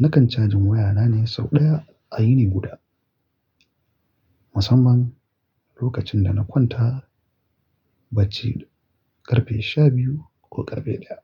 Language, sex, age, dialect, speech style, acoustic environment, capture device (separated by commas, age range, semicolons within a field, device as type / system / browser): Hausa, male, 25-34, Nigeria - Kano, excited; happy, silence, mobile / Linux / Chrome